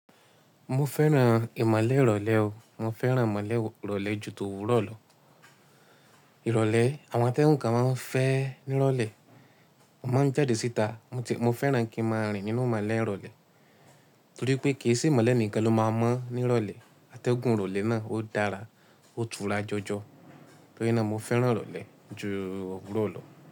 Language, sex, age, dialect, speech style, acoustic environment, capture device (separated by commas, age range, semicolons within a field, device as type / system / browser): Yoruba, male, 18-24, Nigeria - Ibadan, happy, silence, mobile / Linux / Chrome